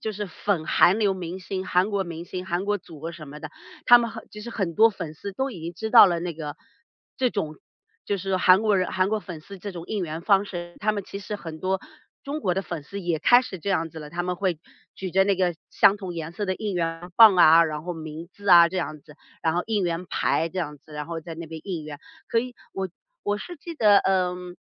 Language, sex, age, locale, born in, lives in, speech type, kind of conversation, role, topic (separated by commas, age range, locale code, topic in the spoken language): Chinese, female, 40-44, zh-CN, China, United States, conversation, podcast, guest, 你第一次去演唱会是什么样的经历？